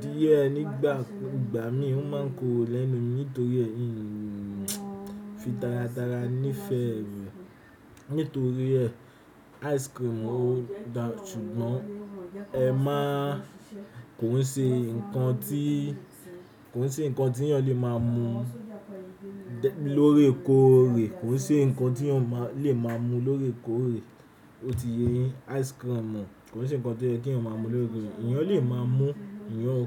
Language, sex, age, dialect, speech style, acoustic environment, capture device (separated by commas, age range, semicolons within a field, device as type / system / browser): Yoruba, male, 18-24, Nigeria - Nigerian Standard, relaxed; anxious, nature, desktop / Windows / Chrome